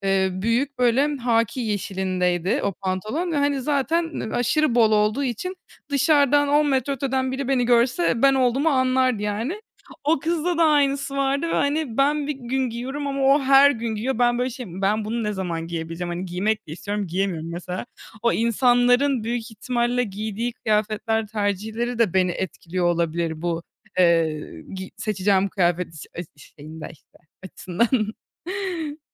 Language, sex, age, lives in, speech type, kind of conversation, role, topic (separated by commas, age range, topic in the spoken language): Turkish, female, 20-24, Germany, conversation, podcast, guest, Kişisel stilin zaman içinde nasıl değişti, örnek verebilir misin?